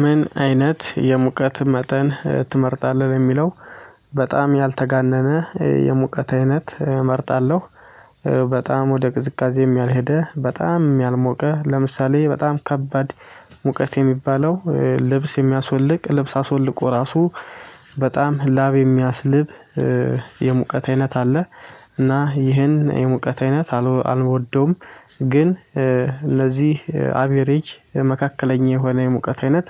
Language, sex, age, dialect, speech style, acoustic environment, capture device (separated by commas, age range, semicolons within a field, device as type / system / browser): Amharic, male, 25-34, Ethiopia - Addis Ababa, excited, silence, mobile / Linux / Chrome